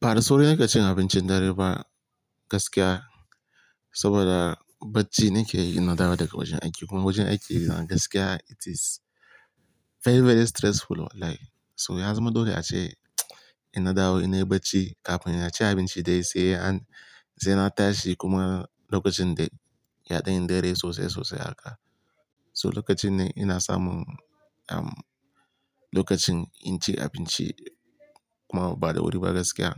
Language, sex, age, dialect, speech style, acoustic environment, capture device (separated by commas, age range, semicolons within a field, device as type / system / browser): Hausa, male, 18-24, Nigeria - Kano, happy; relaxed; focused, nature, mobile / Linux / Chrome